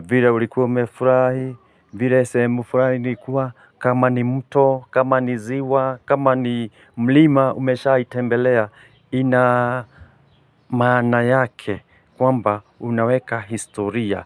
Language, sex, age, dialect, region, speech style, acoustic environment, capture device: Swahili, male, 45-54, Kenya - Nairobi Sheng-influenced, Kenya, relaxed, silence, mobile / Linux / Chrome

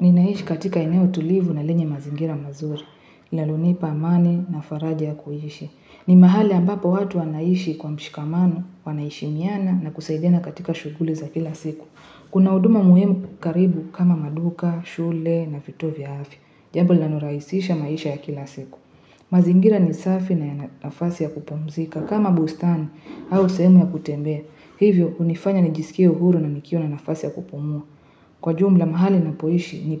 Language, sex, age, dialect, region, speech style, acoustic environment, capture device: Swahili, female, 18-24, Kenya - Nairobi Sheng-influenced, Kenya, happy, people talking, mobile / Linux / Chrome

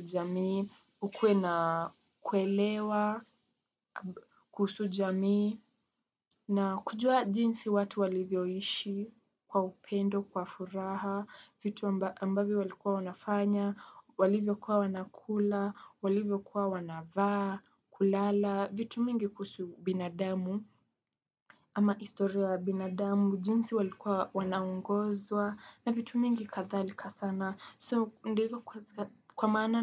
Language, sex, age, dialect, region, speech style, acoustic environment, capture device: Swahili, female, 18-24, Kenya - Nairobi Sheng-influenced, Kenya, relaxed, silence, desktop / Windows / Chrome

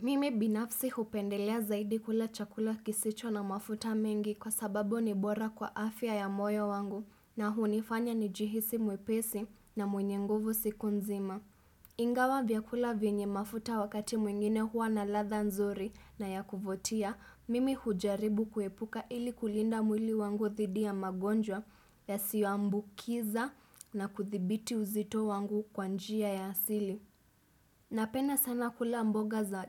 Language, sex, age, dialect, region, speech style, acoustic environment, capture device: Swahili, female, 25-34, Kenya - Mombasa, Kenya, happy; relaxed; focused; excited, silence, desktop / Windows / Chrome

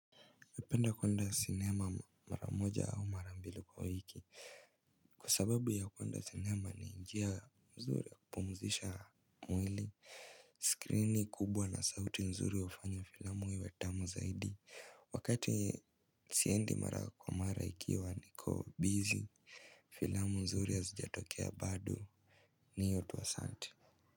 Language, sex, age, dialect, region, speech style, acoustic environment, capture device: Swahili, male, 18-24, Kenya - Mombasa, Kenya, stressed, silence, mobile / Linux / Chrome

